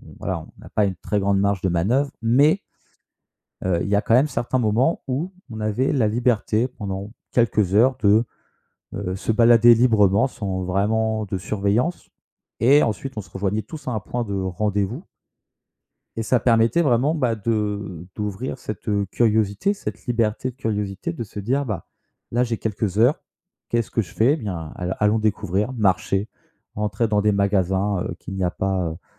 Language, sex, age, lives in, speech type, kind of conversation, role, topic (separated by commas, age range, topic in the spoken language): French, male, 25-29, France, conversation, podcast, guest, Comment restes-tu curieux pendant tes voyages ?